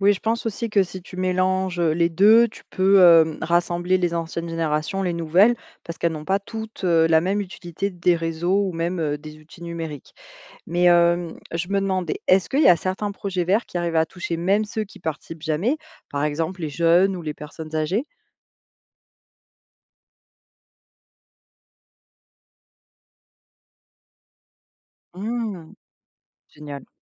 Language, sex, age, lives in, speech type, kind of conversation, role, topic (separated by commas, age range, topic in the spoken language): French, female, 25-29, France, read, expert-assistant, asker, Quelles solutions concrètes pourraient rendre nos villes plus écologiques sans compliquer la vie des habitants ?